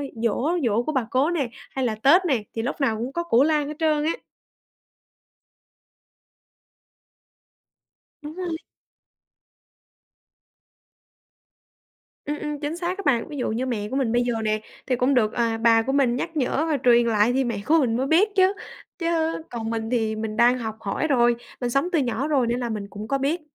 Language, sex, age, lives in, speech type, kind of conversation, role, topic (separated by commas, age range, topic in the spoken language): Vietnamese, female, 20-24, Vietnam, conversation, podcast, guest, Truyền thống ăn uống trong gia đình bạn diễn ra như thế nào?